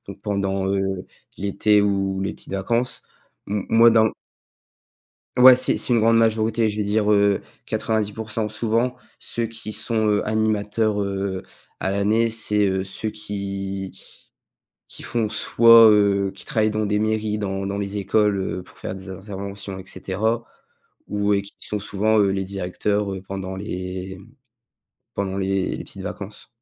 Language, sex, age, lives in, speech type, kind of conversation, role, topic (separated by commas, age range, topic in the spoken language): French, male, 18-19, France, conversation, podcast, guest, Quel a été le déclic qui t’a fait voir ta vie autrement ?